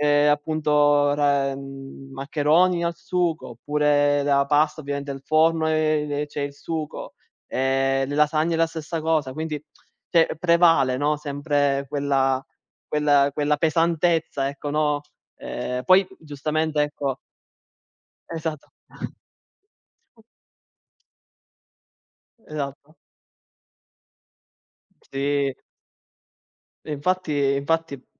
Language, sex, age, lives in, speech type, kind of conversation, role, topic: Italian, male, 20-24, Italy, conversation, unstructured, none, Qual è il ruolo del cibo nelle tue feste o celebrazioni?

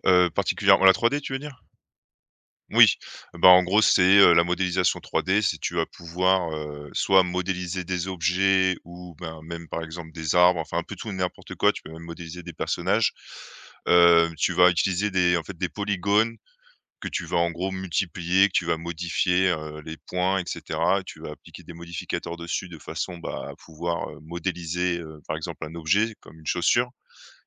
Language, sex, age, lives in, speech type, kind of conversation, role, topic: French, male, 30-34, France, conversation, podcast, guest, Quelle activité te met instantanément dans la zone ?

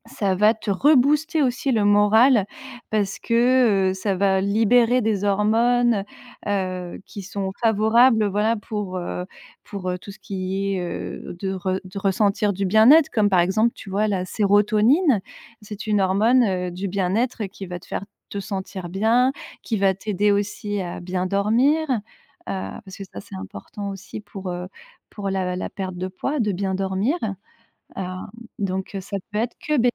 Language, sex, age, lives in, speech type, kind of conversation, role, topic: French, female, 35-39, France, conversation, advice, advisor, Comment te sens-tu lorsque ton corps ou ton apparence te décourage ?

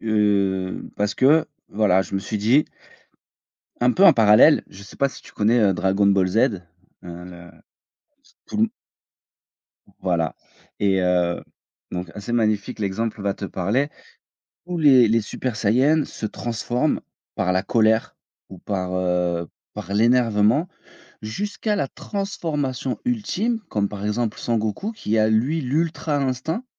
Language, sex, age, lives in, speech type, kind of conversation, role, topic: French, male, 35-39, France, conversation, podcast, guest, Quel échec t’a rendu plus fort, et pourquoi ?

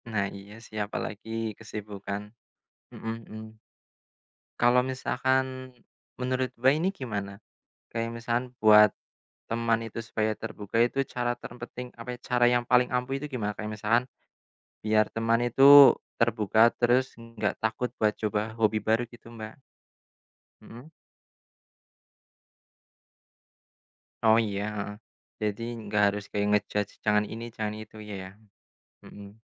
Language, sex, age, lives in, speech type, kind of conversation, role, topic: Indonesian, male, 25-29, Indonesia, conversation, unstructured, none, Bagaimana cara kamu meyakinkan teman untuk mencoba hobi baru?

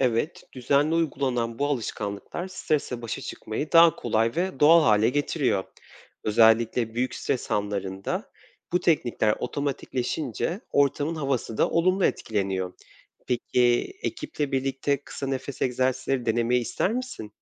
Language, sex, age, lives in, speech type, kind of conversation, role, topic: Turkish, male, 25-29, Poland, read, expert-assistant, expert, Günlük hayatta stresle başa çıkmak için hangi pratik yöntemleri deneyebilirim?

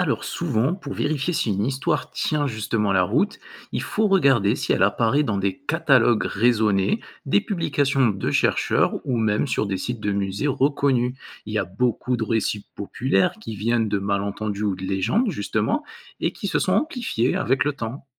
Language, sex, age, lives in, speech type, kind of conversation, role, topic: French, male, 20-24, France, read, expert-assistant, expert, Peut-on vraiment se fier aux histoires racontées sur l’origine d’un tableau célèbre ?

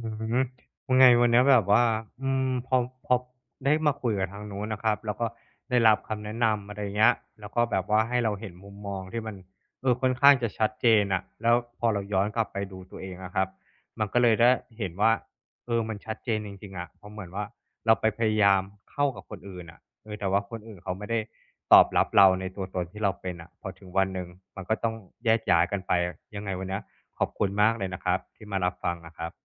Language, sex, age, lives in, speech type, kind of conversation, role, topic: Thai, male, 35-39, Thailand, conversation, advice, user, ถ้าวงสังคมรอบตัวเปลี่ยนไป ฉันควรรับมือและปรับตัวอย่างไรดี?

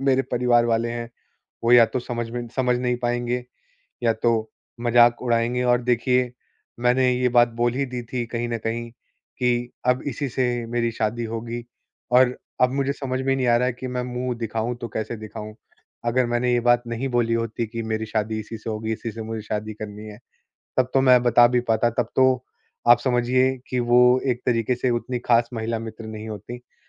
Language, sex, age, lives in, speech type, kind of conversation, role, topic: Hindi, male, 25-29, India, conversation, advice, user, ब्रेकअप को परिवार और दोस्तों से छुपाने की चिंता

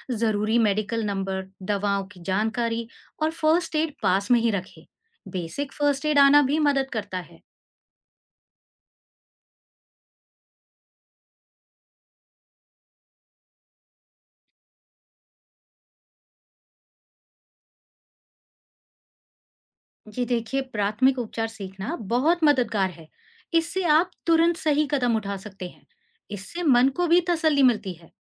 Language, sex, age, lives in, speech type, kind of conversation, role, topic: Hindi, female, 40-44, India, read, expert-assistant, expert, क्या घर में मरीजों की देखभाल करना बेहतर है या अस्पताल में? इसके फायदे और नुकसान क्या हैं?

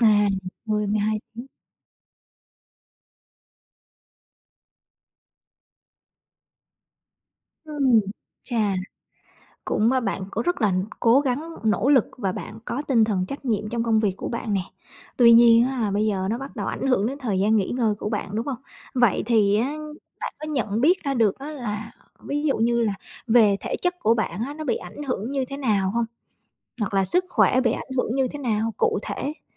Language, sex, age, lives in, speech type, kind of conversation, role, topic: Vietnamese, female, 35-39, Vietnam, conversation, advice, advisor, Bạn đang gặp khó khăn gì khi đặt ranh giới giữa công việc và thời gian nghỉ ngơi?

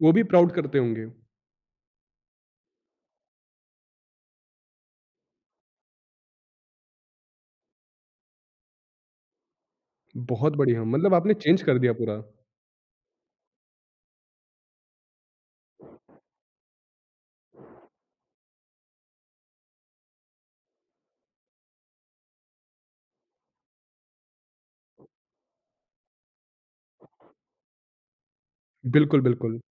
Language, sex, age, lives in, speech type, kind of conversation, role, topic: Hindi, male, 25-29, India, conversation, podcast, host, Social media ka tumhari self-acceptance par kya asar padta hai?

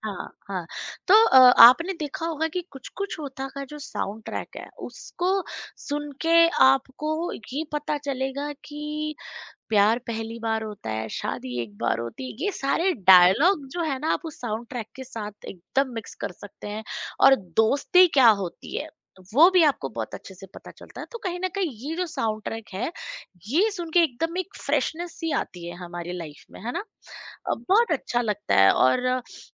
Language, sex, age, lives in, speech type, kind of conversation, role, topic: Hindi, female, 35-39, India, conversation, podcast, guest, Kya kisi film ke geeton ne tumhe bilkul badal diya?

